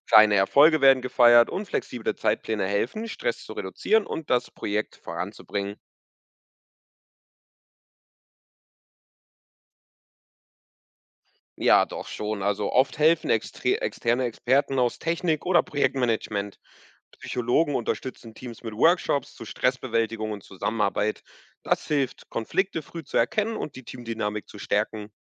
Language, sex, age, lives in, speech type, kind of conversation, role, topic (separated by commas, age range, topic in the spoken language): German, male, 20-24, Portugal, read, expert-assistant, expert, Wo finde ich spannende Quellen, um mehr über aktuelle Missionen im Weltall zu erfahren?